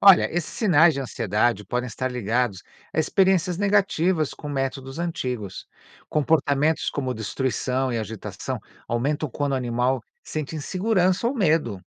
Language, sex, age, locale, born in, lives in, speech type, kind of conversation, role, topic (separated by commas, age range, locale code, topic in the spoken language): Portuguese, male, 55-59, pt-BR, Brazil, United States, read, expert-assistant, expert, Como identificar dicas de adestramento confiáveis em meio a tantas informações na internet?